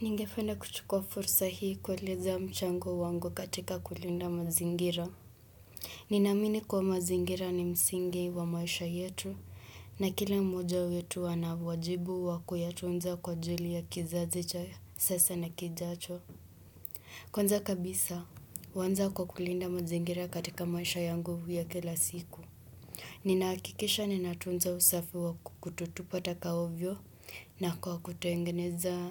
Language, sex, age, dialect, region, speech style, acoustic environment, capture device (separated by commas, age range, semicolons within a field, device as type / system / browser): Swahili, male, 18-24, Kenya - Nairobi Sheng-influenced, Kenya, happy; relaxed; focused, nature; silence, desktop / Windows / Chrome